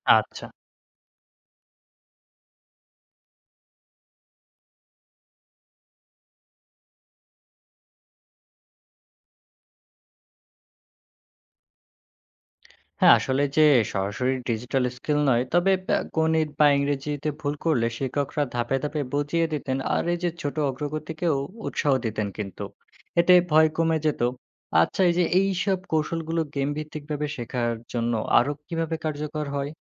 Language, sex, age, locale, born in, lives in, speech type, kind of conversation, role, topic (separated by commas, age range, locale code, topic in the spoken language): Bengali, male, 20-24, bn-BD, Bangladesh, Bangladesh, read, expert-assistant, asker, নতুন বিষয় বা দক্ষতা শিক্ষাক্রমে যুক্ত করার সময় কোন কোন তথ্য আগে জানা দরকার?